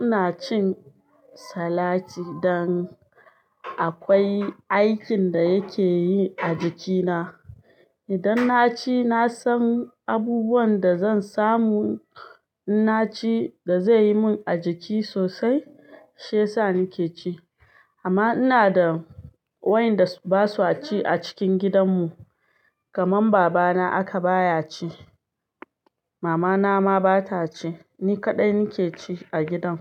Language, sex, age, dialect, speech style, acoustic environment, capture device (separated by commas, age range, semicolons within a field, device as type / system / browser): Hausa, female, 25-34, Nigeria - Ibadan, happy; excited, silence, desktop / Linux / Chrome